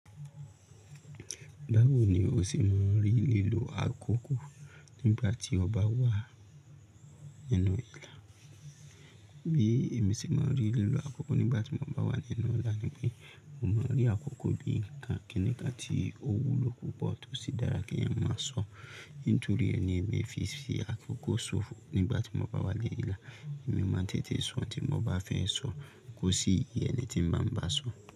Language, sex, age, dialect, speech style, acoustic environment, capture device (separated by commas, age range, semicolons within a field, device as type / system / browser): Yoruba, male, 35-44, Nigeria - Lagos Yoruba, happy, people talking, mobile / Linux / Chrome